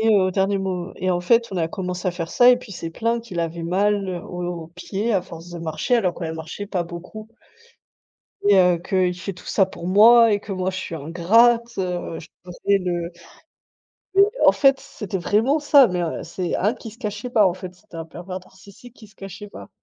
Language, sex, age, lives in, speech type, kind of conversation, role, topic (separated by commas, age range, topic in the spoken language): French, female, 30-34, Germany, conversation, unstructured, none, Quel livre ou quel film a changé votre perspective sur la vie ?